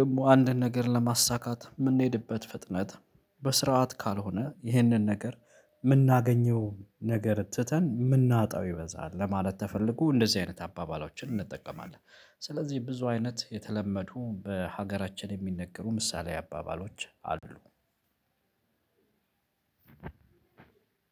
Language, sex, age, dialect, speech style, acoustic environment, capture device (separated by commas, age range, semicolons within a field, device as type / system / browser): Amharic, male, 25-34, Ethiopia - Addis Ababa, focused, nature, mobile / Linux / Chrome